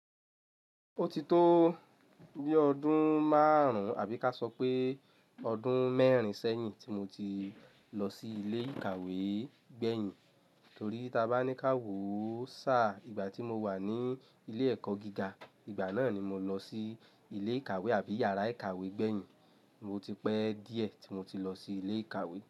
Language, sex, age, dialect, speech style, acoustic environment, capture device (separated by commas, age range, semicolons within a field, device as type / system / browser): Yoruba, male, 25-34, Nigeria - Lagos Yoruba, happy; relaxed; focused, silence; nature, mobile / Linux / Chrome